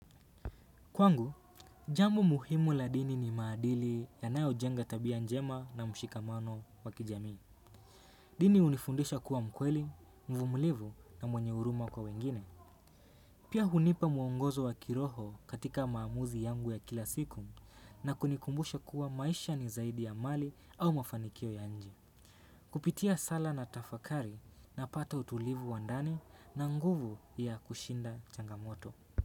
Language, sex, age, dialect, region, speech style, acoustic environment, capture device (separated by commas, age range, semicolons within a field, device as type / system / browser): Swahili, male, 18-24, Kenya - Mombasa, Kenya, focused, silence, desktop / Windows / Chrome